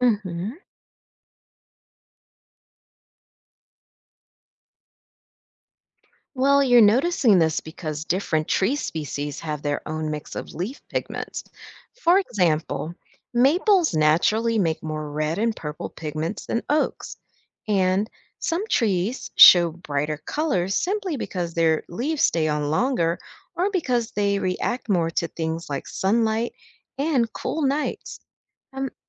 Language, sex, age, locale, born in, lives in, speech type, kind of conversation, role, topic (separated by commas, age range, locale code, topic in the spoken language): English, female, 50-54, en-US, United States, United States, read, expert-assistant, expert, What causes the changing colors of leaves in the fall?